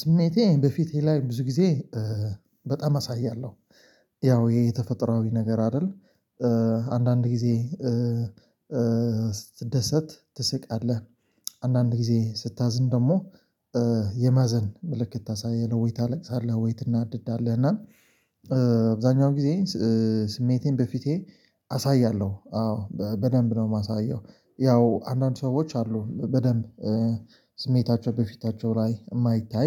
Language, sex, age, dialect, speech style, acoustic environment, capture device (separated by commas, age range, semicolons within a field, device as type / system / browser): Amharic, male, 25-34, Ethiopia - Addis Ababa, focused; excited; happy, silence, mobile / Linux / Chrome